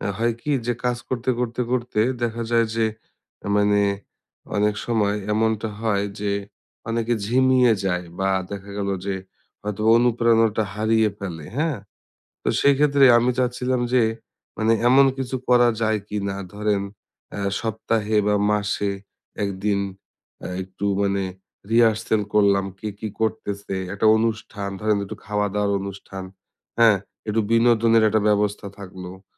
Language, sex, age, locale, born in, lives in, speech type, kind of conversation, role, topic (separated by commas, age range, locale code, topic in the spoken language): Bengali, male, 30-34, bn-BD, Bangladesh, Bangladesh, conversation, advice, user, আমি কীভাবে আমার স্টার্টআপ টিমের কাজে উৎসাহ বাড়িয়ে নেতৃত্বদানের দক্ষতা উন্নত করতে পারি?